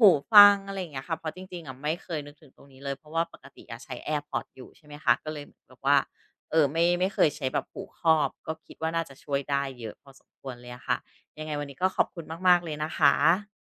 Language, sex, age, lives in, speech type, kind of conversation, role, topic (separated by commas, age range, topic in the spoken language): Thai, female, 40-44, Thailand, conversation, advice, user, ฉันจะลดสิ่งรบกวนในพื้นที่ทำงานของฉันอย่างไรเพื่อเพิ่มสมาธิและคิดงานสร้างสรรค์ได้ดีขึ้น?